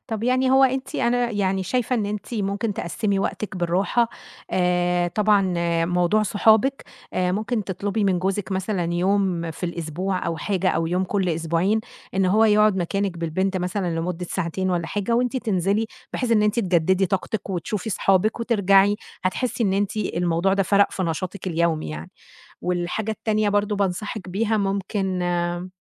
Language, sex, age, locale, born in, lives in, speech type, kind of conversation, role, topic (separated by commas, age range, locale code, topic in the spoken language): Arabic, female, 30-34, ar-EG, Egypt, Egypt, conversation, advice, advisor, إزاي أرتّب أولوياتي في حياتي بعد تغيير كبير زي الجواز أو الخلفة أو الانتقال؟